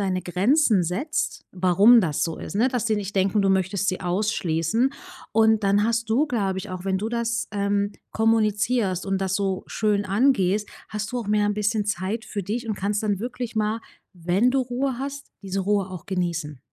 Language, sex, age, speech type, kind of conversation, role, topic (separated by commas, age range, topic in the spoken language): German, female, 35-39, conversation, advice, advisor, Wie kann ich mit Erschöpfung durch viele soziale Verpflichtungen umgehen?